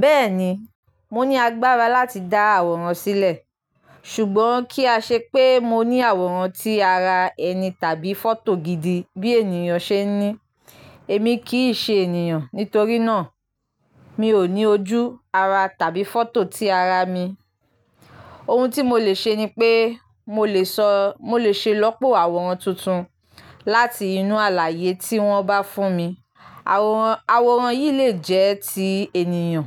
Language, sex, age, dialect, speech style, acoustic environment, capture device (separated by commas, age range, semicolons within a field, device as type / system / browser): Yoruba, female, 25-34, Nigeria - Lagos Yoruba, happy, nature; silence, mobile / Linux / Chrome